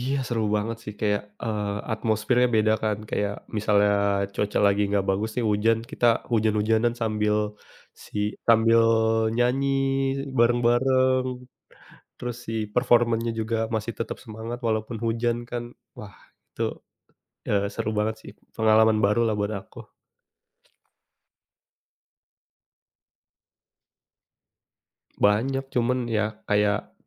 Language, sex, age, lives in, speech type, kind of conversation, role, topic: Indonesian, male, 30-34, Indonesia, conversation, unstructured, none, Apa kenangan favoritmu saat menonton konser atau pertunjukan langsung?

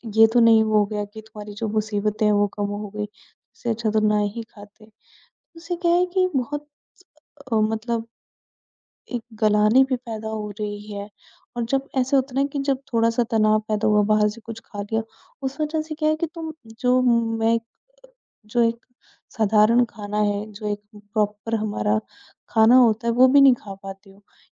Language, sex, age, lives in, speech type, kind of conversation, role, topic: Hindi, female, 20-24, India, conversation, advice, user, तनाव के समय आपकी भूख कैसे बदलती है और आपकी खाने की आदतें कैसे गड़बड़ हो जाती हैं?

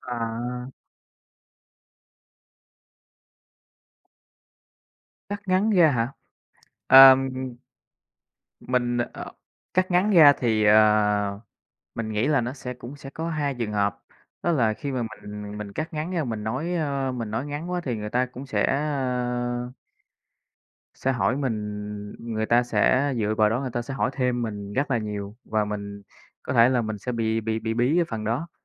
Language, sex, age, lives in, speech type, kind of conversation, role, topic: Vietnamese, male, 25-29, Vietnam, conversation, advice, user, Làm thế nào để tôi giảm bớt cảm giác lo lắng trước các cuộc họp hoặc khi thuyết trình?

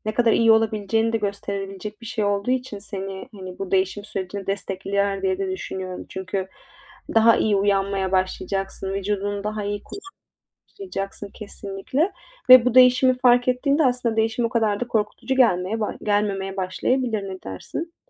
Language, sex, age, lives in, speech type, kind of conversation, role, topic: Turkish, female, 25-29, Italy, conversation, advice, advisor, Ayrılıktan sonra özgüvenimi ve bağımsızlığımı nasıl yeniden kazanabilirim?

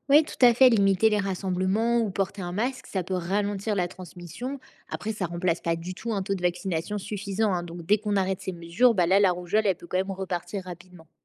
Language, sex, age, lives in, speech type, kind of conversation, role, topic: French, female, 20-24, France, read, expert-assistant, expert, Comment la vaccination aide-t-elle à protéger toute une communauté, pas seulement les individus ?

